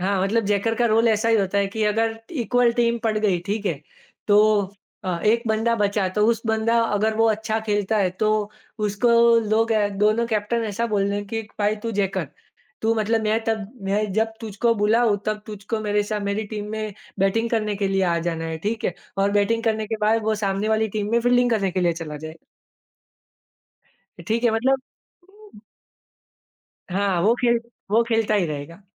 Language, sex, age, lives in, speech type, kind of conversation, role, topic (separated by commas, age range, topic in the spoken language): Hindi, male, 25-29, India, conversation, podcast, guest, Kya aapke mohalle mein cricket ya music jaisi koi common hobby ne neighbours ko jodne mein madad ki hai, aur aapka experience kaisa raha hai?